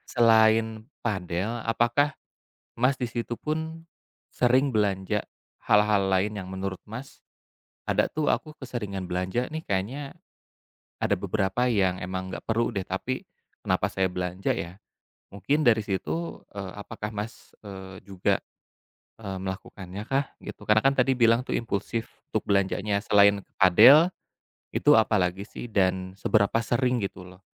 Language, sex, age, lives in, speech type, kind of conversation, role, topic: Indonesian, male, 35-39, Indonesia, conversation, advice, advisor, Mengapa saya merasa bersalah setelah membeli barang mahal yang sebenarnya tidak perlu?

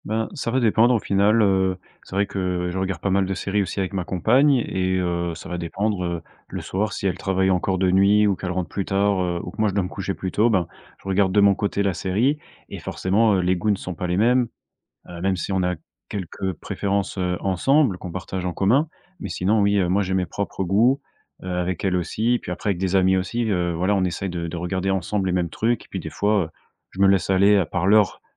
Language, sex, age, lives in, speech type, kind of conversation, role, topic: French, male, 25-29, France, conversation, podcast, guest, Comment choisis-tu une série parmi tout ce qu’on propose aujourd’hui ?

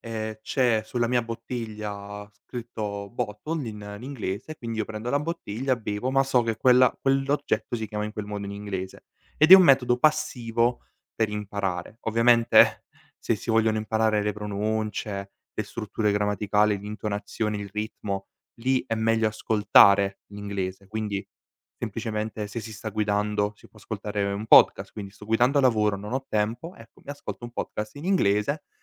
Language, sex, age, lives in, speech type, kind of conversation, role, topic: Italian, male, 18-19, Italy, conversation, podcast, guest, Come ti avvicini a una lingua nuova da adulto?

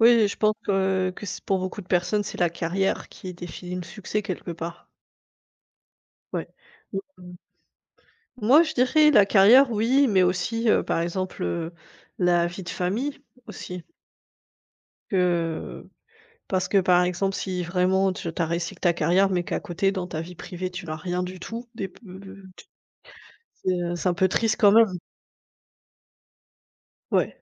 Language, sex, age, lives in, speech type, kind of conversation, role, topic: French, female, 30-34, Germany, conversation, unstructured, none, Comment définis-tu le succès dans ta vie ?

